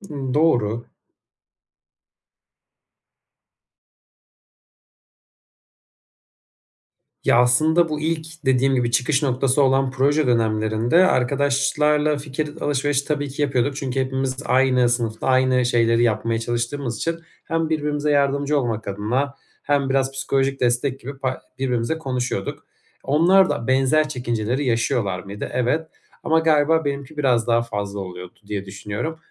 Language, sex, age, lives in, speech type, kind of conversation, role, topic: Turkish, male, 25-29, Germany, conversation, advice, user, Erken taslağımı paylaşmaktan nasıl daha az korkarım ve başkalarının tepkisine nasıl hazırlanırım?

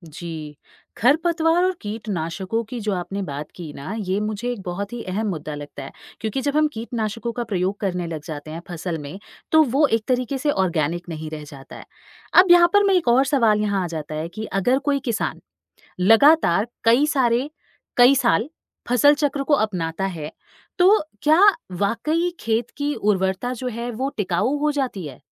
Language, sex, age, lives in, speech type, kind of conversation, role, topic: Hindi, female, 35-39, India, read, expert-assistant, asker, क्या फसल चक्र और जैविक खेती से खेत की उर्वरता और आमदनी बढ़ सकती है?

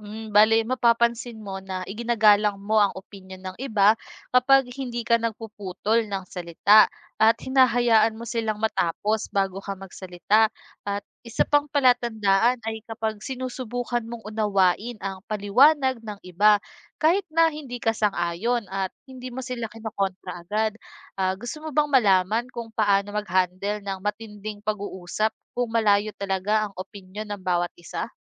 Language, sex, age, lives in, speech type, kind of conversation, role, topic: Filipino, female, 25-29, Philippines, read, expert-assistant, expert, Bakit mahalaga ang paggalang sa opinyon ng iba sa isang talakayan?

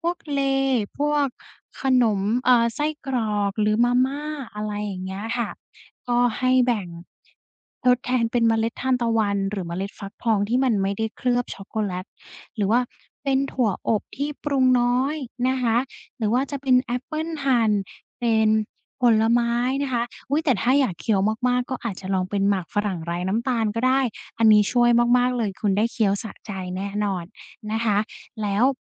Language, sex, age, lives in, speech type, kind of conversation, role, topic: Thai, female, 20-24, Thailand, conversation, advice, advisor, ฉันจะควบคุมความอยากอาหารและลดการกินของว่างแปรรูปในชีวิตประจำวันได้อย่างไรโดยไม่กลับไปกินแบบเดิมอีก?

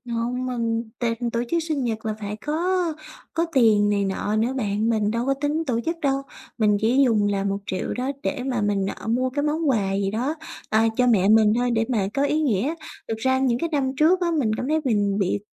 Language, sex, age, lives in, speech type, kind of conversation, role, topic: Vietnamese, female, 20-24, Vietnam, conversation, advice, user, Làm sao để mua sắm khi có ngân sách rất hạn chế?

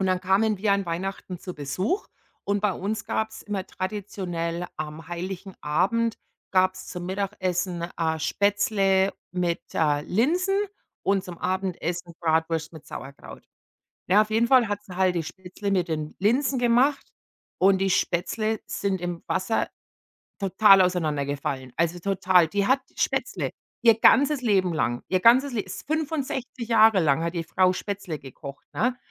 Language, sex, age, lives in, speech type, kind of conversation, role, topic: German, female, 45-49, United States, conversation, unstructured, none, Welche Kindheitserinnerung bringt dich zum Lachen?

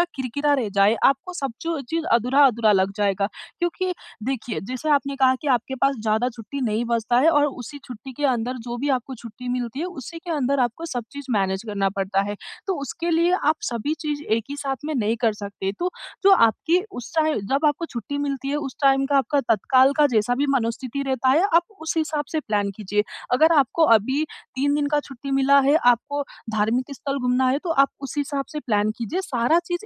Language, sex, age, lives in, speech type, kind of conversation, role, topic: Hindi, female, 20-24, India, conversation, advice, advisor, सीमित छुट्टियों के समय का सबसे अच्छा उपयोग मैं कैसे करूँ?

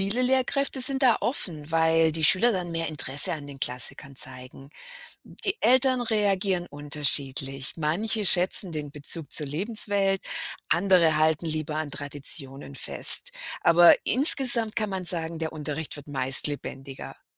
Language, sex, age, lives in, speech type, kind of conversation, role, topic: German, female, 50-54, United States, read, expert-assistant, expert, Was macht ein Buch eigentlich zu einem Klassiker der Literatur?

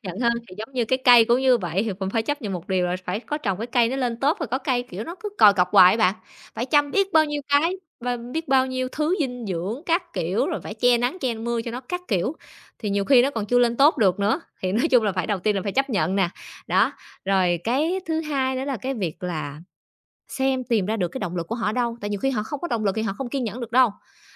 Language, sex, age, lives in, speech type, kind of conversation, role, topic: Vietnamese, female, 30-34, Vietnam, conversation, podcast, guest, Thiên nhiên dạy bạn về kiên nhẫn như thế nào nhỉ?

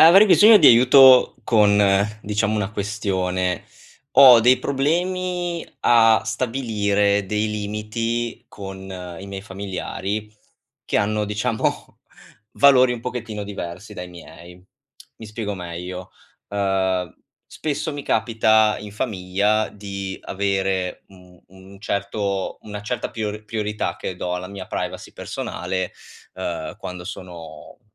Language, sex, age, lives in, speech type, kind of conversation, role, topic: Italian, male, 25-29, Italy, conversation, advice, user, Come posso stabilire e mantenere limiti sani con familiari che hanno valori diversi?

